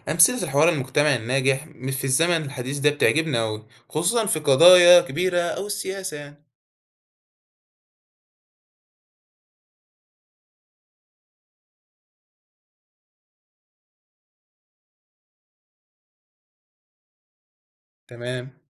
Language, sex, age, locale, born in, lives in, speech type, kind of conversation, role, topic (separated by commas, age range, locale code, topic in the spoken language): Arabic, male, 18-19, ar-EG, Egypt, Egypt, read, expert-assistant, asker, تفتكر كان هيكون شكل العالم عامل إزاي لو الأديان الإبراهيمية ما ظهرتش أصلاً؟